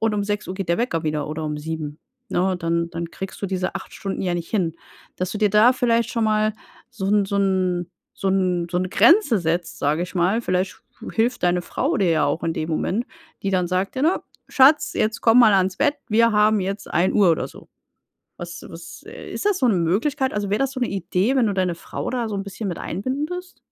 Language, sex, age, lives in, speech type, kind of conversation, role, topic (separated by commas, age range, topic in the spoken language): German, female, 35-39, Germany, conversation, advice, advisor, Wie kann ich jeden Tag zur gleichen Zeit schlafen gehen?